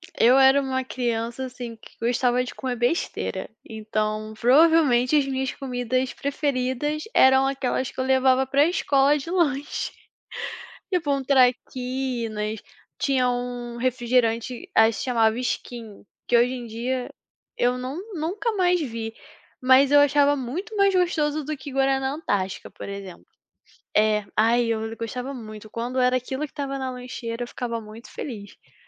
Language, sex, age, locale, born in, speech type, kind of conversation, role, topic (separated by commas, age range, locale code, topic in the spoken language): Portuguese, female, 20-24, pt-BR, Brazil, conversation, unstructured, none, Que comida te lembra a infância e por quê?